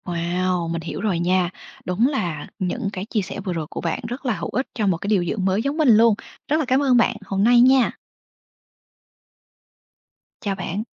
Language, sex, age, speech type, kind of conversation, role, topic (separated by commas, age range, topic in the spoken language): Vietnamese, female, 25-29, read, expert-assistant, asker, Khi chăm sóc nhiều bệnh nhân cùng lúc, điều dưỡng có mẹo nào để không bỏ sót nhiệm vụ quan trọng không?